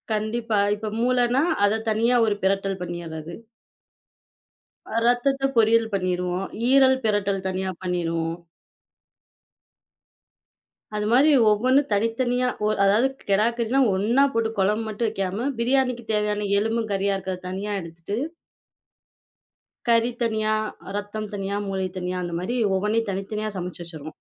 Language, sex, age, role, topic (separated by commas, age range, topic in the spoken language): Tamil, female, 25-29, guest, பண்டிகைகளில் உங்கள் குடும்பம் எந்த உணவைச் சமைத்துப் பெருமைப்படுகிறது?